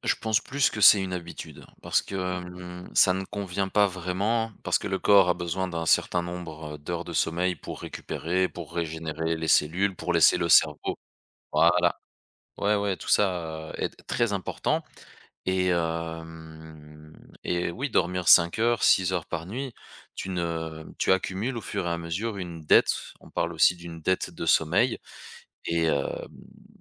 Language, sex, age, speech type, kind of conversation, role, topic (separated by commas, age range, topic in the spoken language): French, male, 35-39, conversation, podcast, guest, Quelle importance accordes-tu au sommeil pour ton équilibre mental ?